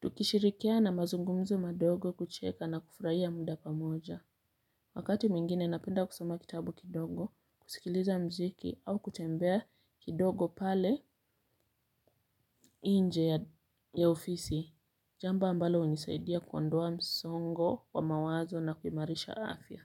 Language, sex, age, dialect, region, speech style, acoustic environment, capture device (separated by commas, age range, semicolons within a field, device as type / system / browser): Swahili, female, 25-34, Kenya - Mombasa, Kenya, anxious, silence, mobile / Linux / Chrome